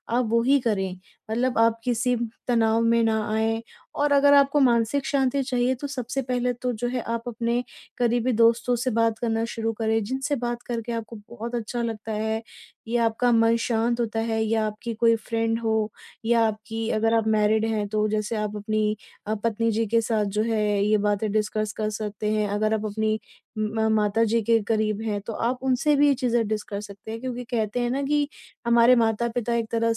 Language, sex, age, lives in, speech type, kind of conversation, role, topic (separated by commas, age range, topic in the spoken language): Hindi, female, 30-34, India, conversation, advice, advisor, पल भर में मानसिक शांति पाने के लिए मैं अभी क्या कर सकता हूँ?